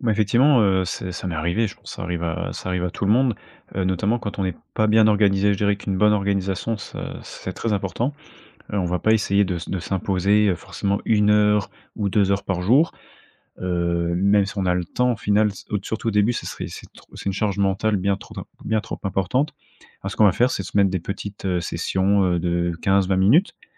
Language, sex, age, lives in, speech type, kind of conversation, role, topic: French, male, 25-29, France, conversation, podcast, guest, Comment apprendre une langue étrangère sans te décourager ?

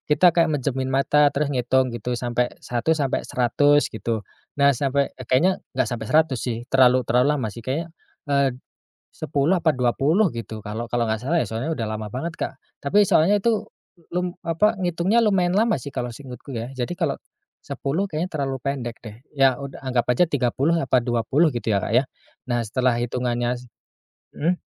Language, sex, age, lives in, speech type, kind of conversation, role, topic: Indonesian, male, 30-34, Indonesia, conversation, podcast, guest, Permainan tradisional apa yang sering kamu mainkan dulu?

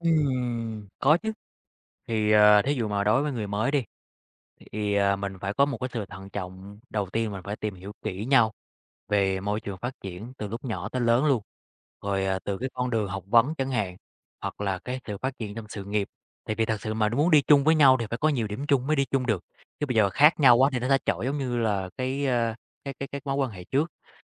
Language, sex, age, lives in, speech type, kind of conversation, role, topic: Vietnamese, male, 30-34, Vietnam, conversation, podcast, guest, Bạn có thể kể về một lần bạn vấp ngã và bài học bạn rút ra từ đó không?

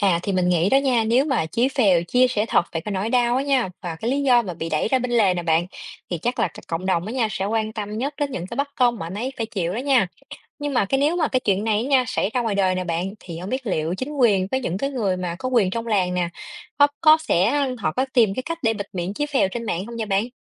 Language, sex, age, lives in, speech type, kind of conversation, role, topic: Vietnamese, female, 30-34, Vietnam, read, expert-assistant, asker, Nếu chúng ta đưa một yếu tố công nghệ hiện đại (như mạng xã hội) vào thế giới của các tác phẩm kinh điển, điều gì sẽ thay đổi trong các mối quan hệ giữa các nhân vật?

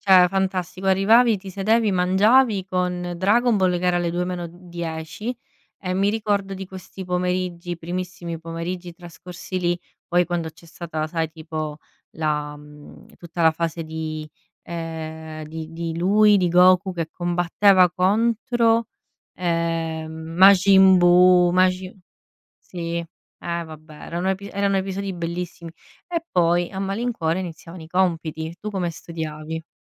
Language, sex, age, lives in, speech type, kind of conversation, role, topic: Italian, female, 30-34, Italy, conversation, unstructured, none, Che cosa ricordi con più affetto dei tuoi pomeriggi dopo scuola?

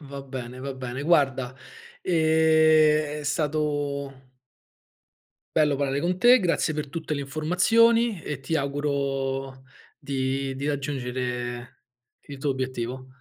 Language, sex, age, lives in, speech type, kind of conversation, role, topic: Italian, male, 35-39, Italy, conversation, podcast, host, Da dove prendi ispirazione, di solito?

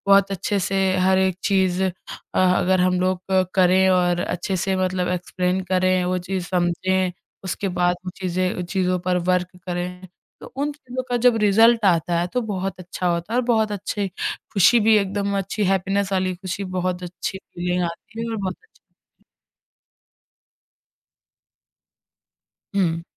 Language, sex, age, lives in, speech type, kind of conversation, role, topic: Hindi, female, 20-24, India, conversation, podcast, guest, Blank page ya creative block ho jaaye, toh tum kya karte ho?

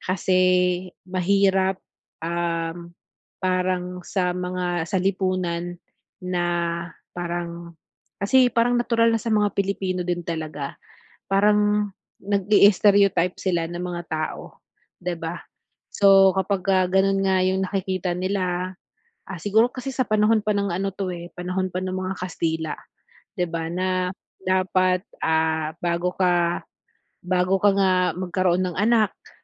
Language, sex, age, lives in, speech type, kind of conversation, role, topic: Filipino, female, 40-44, Philippines, conversation, advice, advisor, Paano ko maihihiwalay ang sarili kong halaga sa kritisismong natatanggap ko?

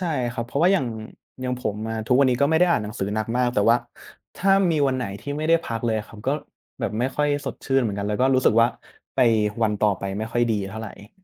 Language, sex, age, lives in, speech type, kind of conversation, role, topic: Thai, male, 20-24, Thailand, conversation, unstructured, none, แรงกดดันจากผู้ปกครองส่งผลต่อนักเรียนอย่างไร?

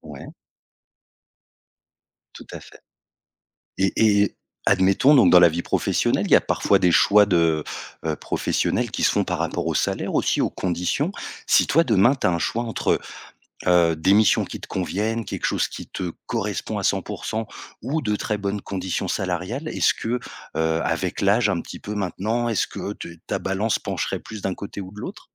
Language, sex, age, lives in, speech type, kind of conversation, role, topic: French, male, 30-34, France, conversation, podcast, host, Comment sais-tu que ce que tu fais a du sens ?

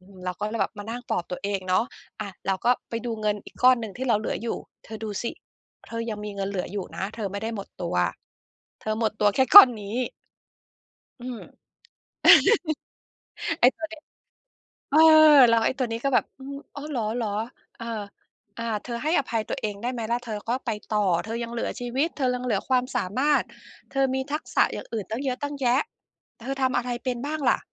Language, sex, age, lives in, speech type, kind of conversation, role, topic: Thai, female, 50-54, United States, conversation, podcast, guest, คุณให้อภัยตัวเองได้อย่างไรหลังจากทำความผิดพลาดครั้งใหญ่?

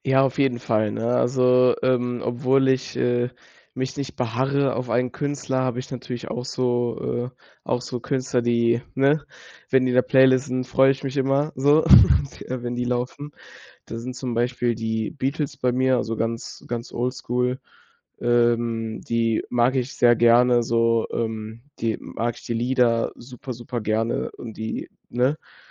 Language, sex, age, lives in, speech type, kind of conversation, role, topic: German, male, 18-19, Germany, conversation, podcast, guest, Welche Rolle spielt Musik in deinem Alltag?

